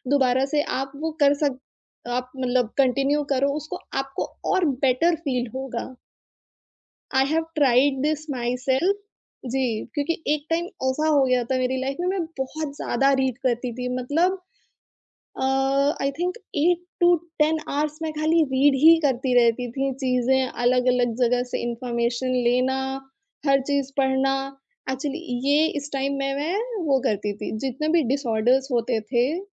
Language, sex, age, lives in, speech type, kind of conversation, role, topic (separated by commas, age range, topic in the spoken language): Hindi, female, 25-29, India, conversation, podcast, guest, Naya hobby start karne ke liye kaunse simple steps follow karne chahiye?